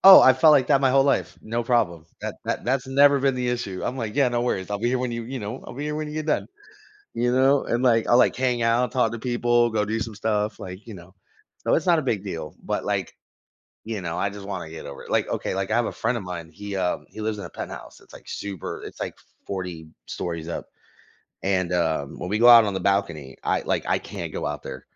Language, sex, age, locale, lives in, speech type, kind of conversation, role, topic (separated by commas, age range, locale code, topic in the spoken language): English, male, 30-34, en-US, United States, conversation, advice, user, How can I overcome a fear that's interfering with my daily life?